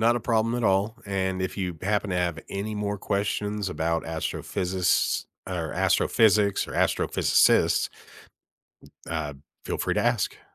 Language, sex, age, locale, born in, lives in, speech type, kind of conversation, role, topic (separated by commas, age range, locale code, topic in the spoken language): English, male, 40-44, en-US, United States, United States, read, expert-assistant, expert, How can I dig deeper into recent discoveries in astrophysics without getting overwhelmed by technical jargon?